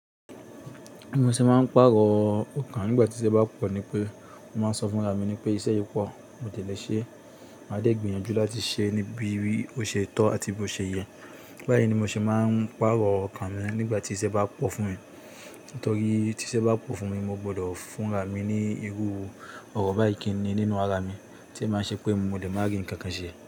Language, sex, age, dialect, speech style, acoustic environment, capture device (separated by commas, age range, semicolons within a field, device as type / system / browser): Yoruba, male, 25-34, Nigeria - Kano, tired; anxious; nervous, nature, desktop / Linux / Chrome